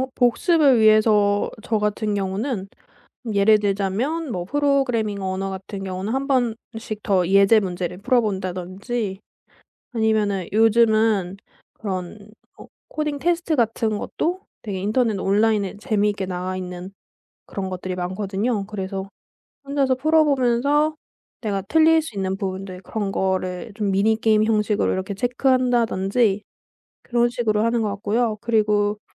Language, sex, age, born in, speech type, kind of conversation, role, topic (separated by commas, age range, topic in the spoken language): Korean, female, 25-29, South Korea, conversation, podcast, guest, 독학할 때 학습 자료를 어떻게 골라 모아 정리하시나요?